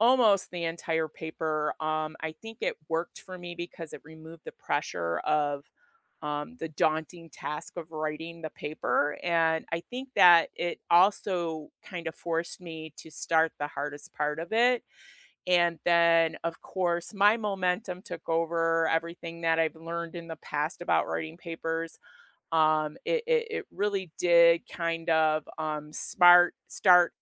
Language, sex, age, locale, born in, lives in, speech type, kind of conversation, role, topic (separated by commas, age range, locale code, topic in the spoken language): English, female, 55-59, en-US, United States, United States, conversation, unstructured, none, Which study hacks have truly worked for you, and what stories made them stick?